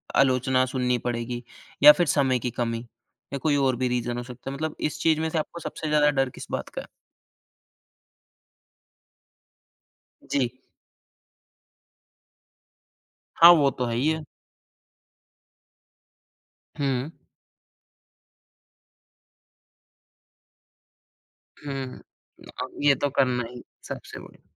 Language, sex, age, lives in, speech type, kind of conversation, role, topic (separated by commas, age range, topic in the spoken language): Hindi, male, 30-34, India, conversation, advice, advisor, किसी बड़े काम की शुरुआत करने में डर लगने और धीरे-धीरे पिछड़ने से कैसे निपटूँ?